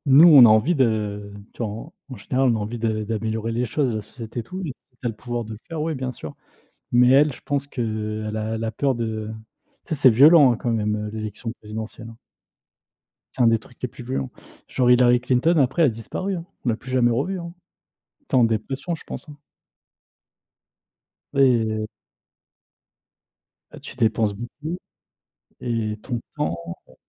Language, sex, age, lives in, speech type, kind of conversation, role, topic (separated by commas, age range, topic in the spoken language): French, male, 30-34, France, conversation, unstructured, none, Que penses-tu de l’importance du vote dans une démocratie ?